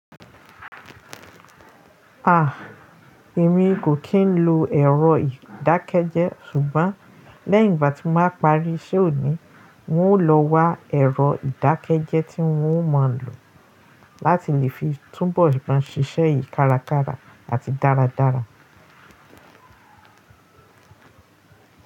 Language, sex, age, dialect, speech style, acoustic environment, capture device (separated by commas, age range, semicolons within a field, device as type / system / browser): Yoruba, female, 35-44, Nigeria - Lagos Yoruba, happy, nature, mobile / Linux / Chrome